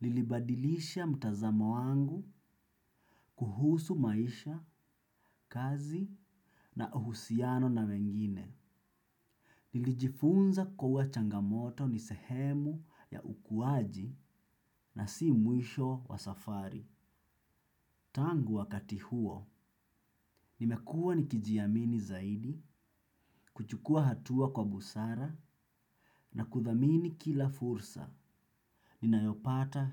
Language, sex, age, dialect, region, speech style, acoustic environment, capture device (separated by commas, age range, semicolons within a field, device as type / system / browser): Swahili, male, 25-34, Kenya - Mombasa, Kenya, relaxed; focused, silence, desktop / Windows / Chrome